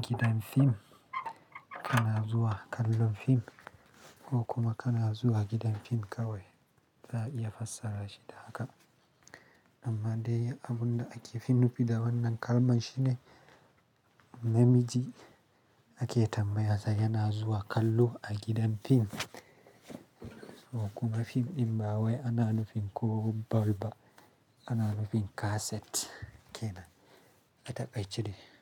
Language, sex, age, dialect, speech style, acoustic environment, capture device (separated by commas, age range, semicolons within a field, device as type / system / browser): Hausa, male, 25-34, Nigeria - Kano, excited, silence, mobile / Linux / Chrome